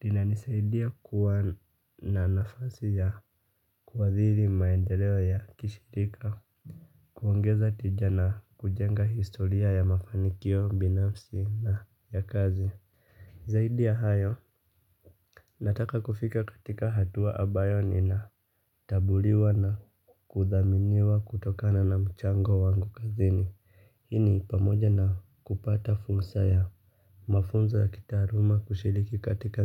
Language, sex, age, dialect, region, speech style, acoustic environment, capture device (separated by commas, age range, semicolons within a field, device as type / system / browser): Swahili, male, 25-34, Kenya - Nairobi Sheng-influenced, Kenya, relaxed, silence, mobile / Linux / Chrome